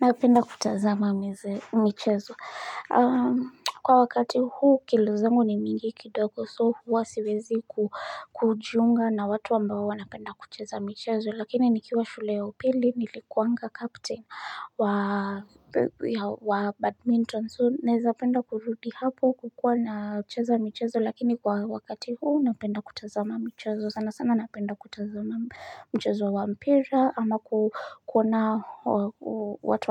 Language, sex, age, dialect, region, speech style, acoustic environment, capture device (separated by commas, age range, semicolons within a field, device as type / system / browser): Swahili, female, 25-34, Kenya - Nairobi Sheng-influenced, Kenya, nervous, nature, mobile / Linux / Chrome